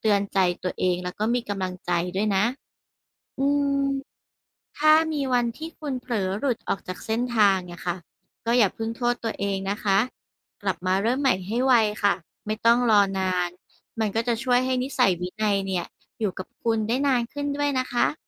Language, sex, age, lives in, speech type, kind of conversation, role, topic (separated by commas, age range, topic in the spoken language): Thai, female, 35-39, Thailand, read, expert-assistant, expert, การมีวินัยในตนเองช่วยให้เราบรรลุเป้าหมายได้อย่างไร